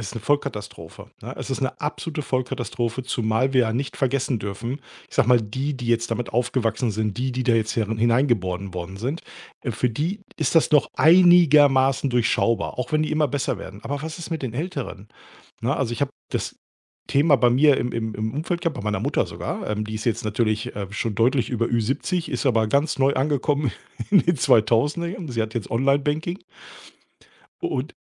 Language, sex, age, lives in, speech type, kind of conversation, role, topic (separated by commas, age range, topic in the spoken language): German, male, 45-49, Germany, conversation, podcast, guest, Wie wichtig ist dir Datenschutz im Alltag?